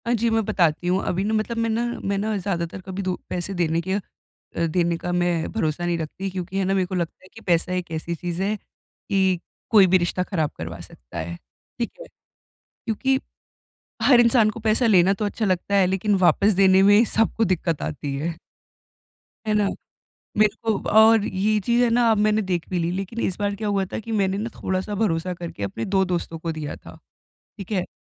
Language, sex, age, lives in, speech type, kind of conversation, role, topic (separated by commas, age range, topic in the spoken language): Hindi, female, 20-24, India, conversation, advice, user, मैं पैसों के बारे में बातचीत कैसे सहज, स्पष्ट और सम्मानजनक तरीके से कर सकता/सकती हूँ?